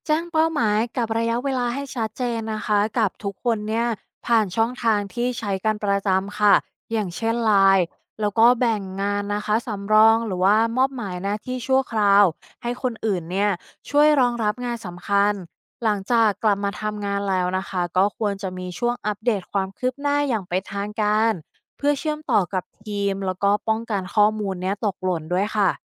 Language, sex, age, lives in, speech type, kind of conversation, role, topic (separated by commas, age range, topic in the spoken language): Thai, female, 35-39, Thailand, read, expert-assistant, expert, ถ้าคุณต้องสร้างทีมบริหารเมืองเล็ก ๆ จะวางโครงสร้างหรือแบ่งหน้าที่กันอย่างไรให้ทุกอย่างเดินหน้าได้ราบรื่น?